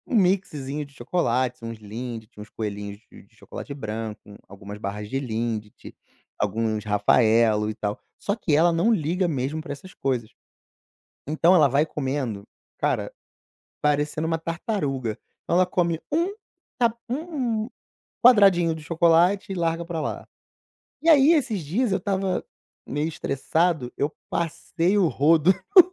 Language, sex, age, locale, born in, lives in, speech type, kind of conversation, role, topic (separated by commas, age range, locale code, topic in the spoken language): Portuguese, male, 35-39, pt-BR, Brazil, Portugal, conversation, podcast, guest, Qual série ou filme te dá conforto nos dias difíceis?